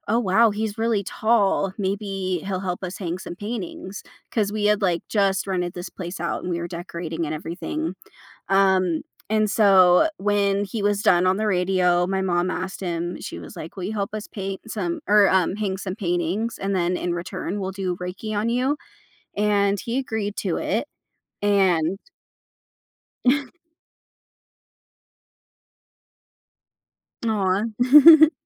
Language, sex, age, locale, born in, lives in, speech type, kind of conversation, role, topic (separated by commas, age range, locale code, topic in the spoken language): English, female, 25-29, en-US, United States, United States, conversation, podcast, guest, How does music shape your routines and experiences each day?